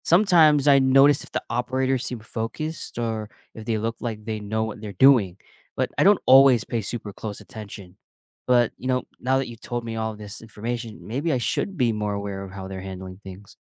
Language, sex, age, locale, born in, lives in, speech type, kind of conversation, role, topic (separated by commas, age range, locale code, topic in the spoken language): English, male, 25-29, en-US, United States, United States, read, expert-assistant, asker, How do roller coasters create weightlessness?